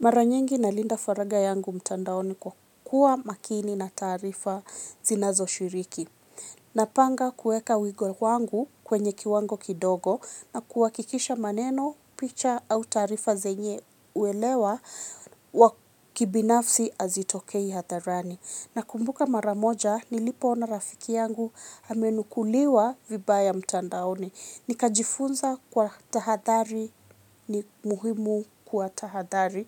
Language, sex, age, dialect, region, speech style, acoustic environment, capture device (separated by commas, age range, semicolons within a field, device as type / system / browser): Swahili, female, 25-34, Kenya - Nairobi Sheng-influenced, Kenya, happy, silence, mobile / Linux / Chrome